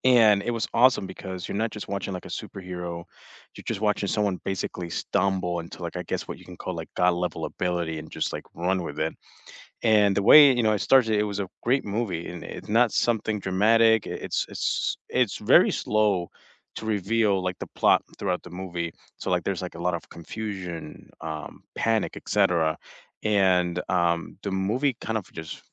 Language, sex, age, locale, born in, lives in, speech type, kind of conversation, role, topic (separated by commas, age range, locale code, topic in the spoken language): English, male, 25-29, en-US, United States, United States, conversation, unstructured, none, What was the first movie you saw in a theater that completely blew your mind?